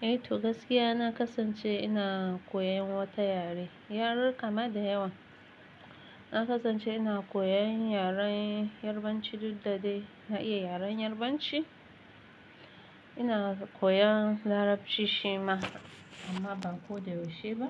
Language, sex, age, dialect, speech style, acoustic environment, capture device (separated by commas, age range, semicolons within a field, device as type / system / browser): Hausa, female, 25-34, Nigeria - Nigerian Standard, relaxed; excited; focused, silence, mobile / Linux / Chrome